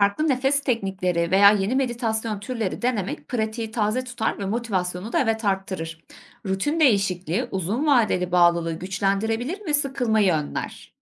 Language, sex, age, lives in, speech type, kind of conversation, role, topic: Turkish, female, 25-29, Germany, read, expert-assistant, expert, Spiritüel pratiklerle ilgili internette çok fazla bilgi var; hangilerine güvenebilirim, nasıl ayırt edebilirim?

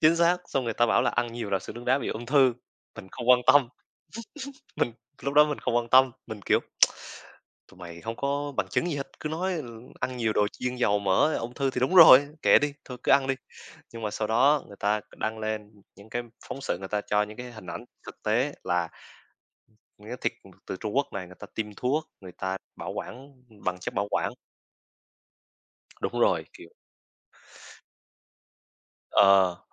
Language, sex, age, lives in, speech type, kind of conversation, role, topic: Vietnamese, male, 20-24, Vietnam, conversation, unstructured, none, Làm thế nào để bạn duy trì thói quen ăn uống lành mạnh?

